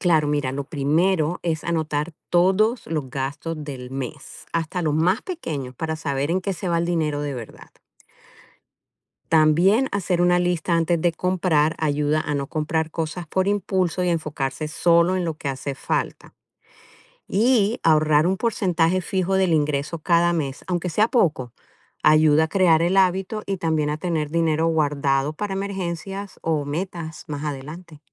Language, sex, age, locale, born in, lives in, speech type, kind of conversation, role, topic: Spanish, female, 55-59, es-CO, Colombia, United States, read, expert-assistant, expert, ¿Cómo influyen los hábitos de consumo en la economía familiar?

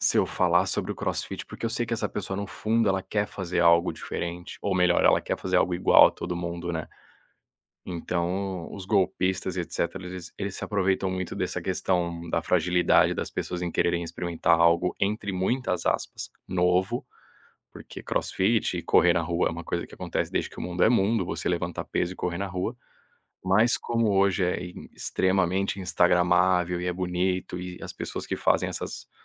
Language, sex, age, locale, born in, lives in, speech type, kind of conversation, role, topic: Portuguese, male, 30-34, pt-BR, Brazil, Spain, conversation, podcast, guest, Como usar as redes sociais para oferecer e buscar apoio de verdade?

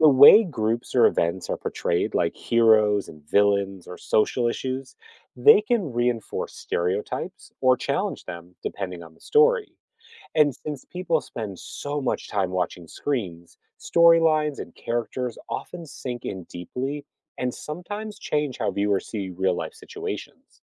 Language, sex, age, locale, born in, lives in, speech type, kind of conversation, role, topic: English, male, 45-49, en-US, United States, United States, read, expert-assistant, expert, What are the effects of movies and TV shows on our beliefs?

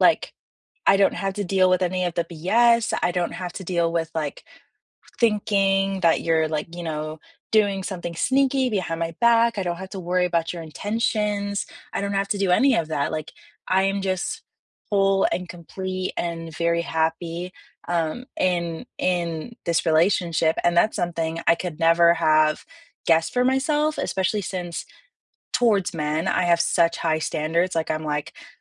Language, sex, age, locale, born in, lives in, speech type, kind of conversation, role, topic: English, female, 20-24, en-US, United States, United States, conversation, unstructured, none, How do you show care in everyday moments, and which love languages feel most natural to you so you can understand and appreciate each other better?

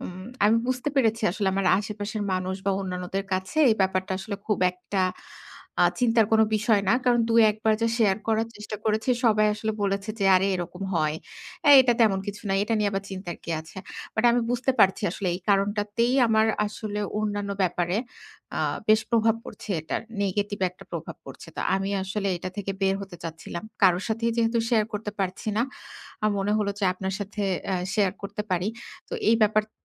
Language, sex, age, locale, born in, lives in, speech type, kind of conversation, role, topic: Bengali, female, 30-34, bn-BD, Bangladesh, Bangladesh, conversation, advice, user, কীভাবে আমি ব্যায়াম, বিশ্রাম ও অনুপ্রেরণার মধ্যে ভারসাম্য রাখব?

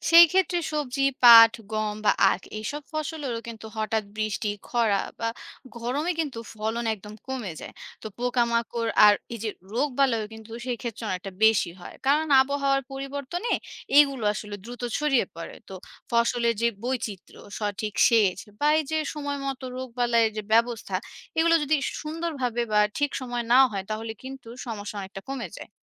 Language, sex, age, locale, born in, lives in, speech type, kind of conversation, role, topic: Bengali, female, 30-34, bn-BD, Bangladesh, Bangladesh, read, expert-assistant, expert, জলবায়ু পরিবর্তনের কারণে ফসলের উৎপাদনে যে সমস্যা দেখা দিচ্ছে, সেগুলোর কার্যকর সমাধান কী হতে পারে?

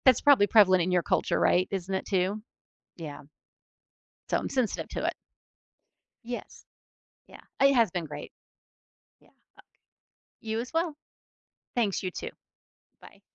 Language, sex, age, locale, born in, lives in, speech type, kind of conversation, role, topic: English, female, 50-54, en-US, United States, United States, conversation, unstructured, none, Why do people care so much about what others eat?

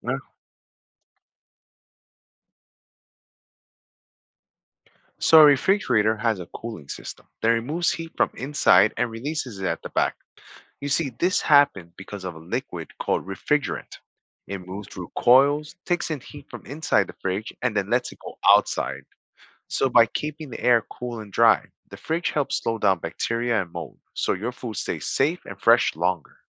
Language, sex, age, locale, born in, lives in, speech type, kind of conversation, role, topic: English, male, 40-44, en-US, United States, United States, read, expert-assistant, expert, How does a refrigerator keep food cold and what maintenance does it need?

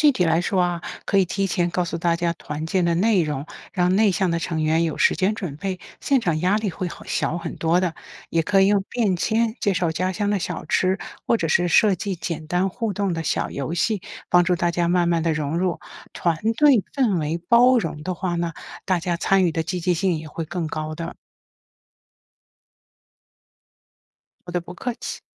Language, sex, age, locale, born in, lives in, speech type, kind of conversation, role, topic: Chinese, female, 55-59, zh-CN, China, United States, read, expert-assistant, expert, 跨文化饮食交流中如何顺畅沟通？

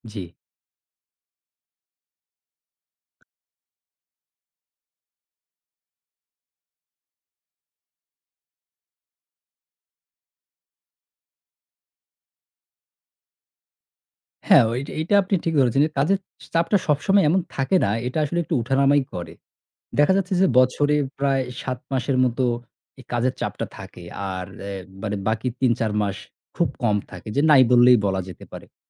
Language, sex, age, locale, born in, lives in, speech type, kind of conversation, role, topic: Bengali, male, 35-39, bn-BD, Bangladesh, Bangladesh, conversation, advice, user, কাজের চাপের কারণে রাতে ঠিকমতো ঘুম হচ্ছে না কেন?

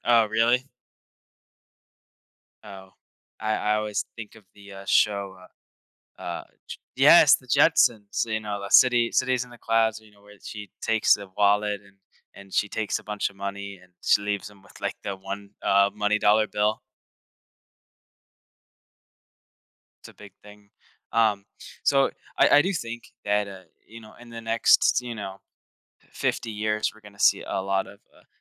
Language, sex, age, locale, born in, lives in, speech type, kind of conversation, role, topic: English, male, 18-19, en-US, United States, United States, conversation, podcast, host, How is technology reshaping the way we work and the skills we need for the future?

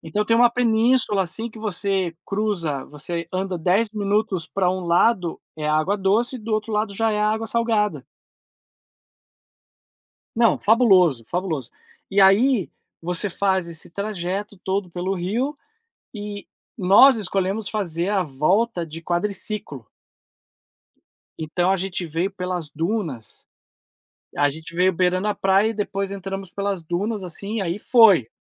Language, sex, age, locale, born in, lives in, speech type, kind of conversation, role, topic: Portuguese, male, 40-44, pt-BR, Brazil, United States, conversation, unstructured, none, Existe algum lugar que te deixou sem palavras? Qual foi?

